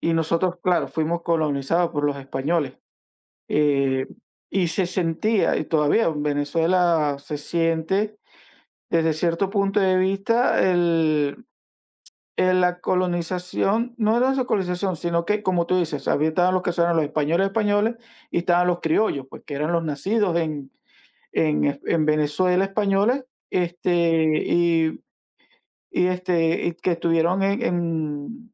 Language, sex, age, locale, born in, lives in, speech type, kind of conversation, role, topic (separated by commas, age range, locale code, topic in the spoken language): Spanish, male, 45-49, es-VE, Venezuela, United States, conversation, unstructured, none, ¿Crees que el colonialismo histórico todavía afecta a las sociedades actuales?